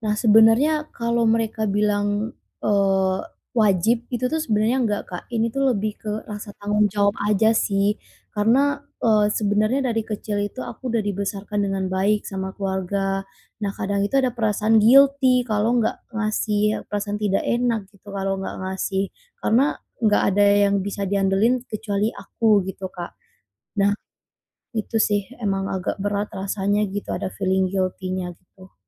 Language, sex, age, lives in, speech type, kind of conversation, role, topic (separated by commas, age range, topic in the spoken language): Indonesian, female, 25-29, Indonesia, conversation, advice, user, Bagaimana cara mengurangi beban memberi tanpa selalu mengharapkan balasan?